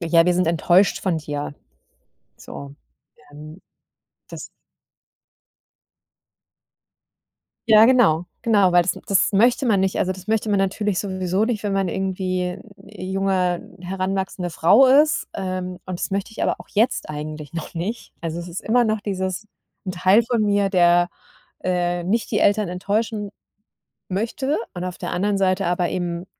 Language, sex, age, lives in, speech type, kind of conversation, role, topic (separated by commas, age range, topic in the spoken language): German, female, 40-44, Germany, conversation, advice, user, Wie gehe ich mit dem Druck um, zu heiraten oder Kinder zu bekommen, weil andere es von mir erwarten?